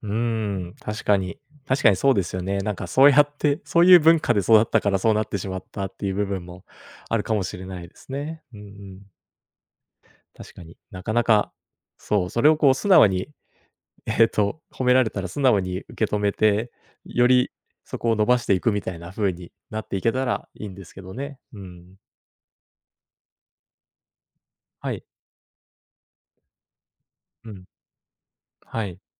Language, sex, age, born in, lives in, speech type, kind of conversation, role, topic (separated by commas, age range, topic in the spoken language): Japanese, male, 30-34, Japan, Japan, conversation, advice, user, 褒められても素直に受け取れない